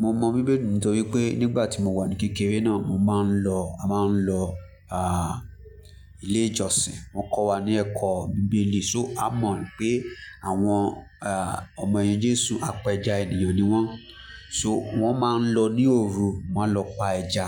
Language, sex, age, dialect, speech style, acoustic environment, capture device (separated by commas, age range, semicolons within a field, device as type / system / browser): Yoruba, male, 25-34, Nigeria - Lagos Yoruba, relaxed; focused, music; people talking, mobile / Linux / Chrome